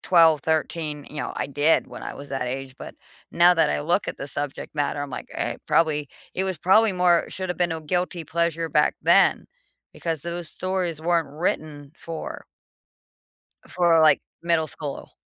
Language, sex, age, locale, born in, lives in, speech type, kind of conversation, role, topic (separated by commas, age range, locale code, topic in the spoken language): English, female, 45-49, en-US, United States, United States, conversation, unstructured, none, What guilty-pleasure entertainment do you secretly love and proudly defend?